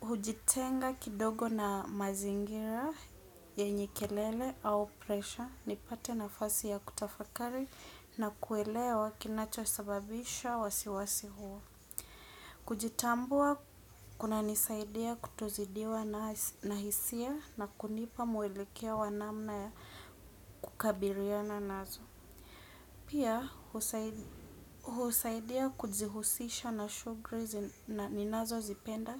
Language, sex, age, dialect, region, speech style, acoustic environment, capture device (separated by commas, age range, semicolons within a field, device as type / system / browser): Swahili, female, 25-34, Kenya - Nairobi Sheng-influenced, Kenya, relaxed, appliances; music, desktop / Windows / Edge